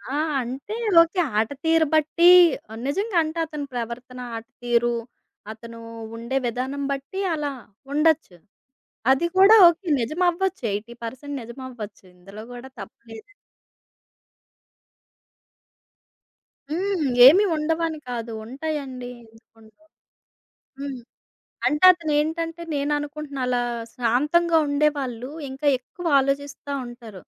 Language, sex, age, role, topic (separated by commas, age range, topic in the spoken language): Telugu, female, 30-34, guest, ముఖభావాలను సరిగ్గా ఎలా అర్థం చేసుకోవాలి?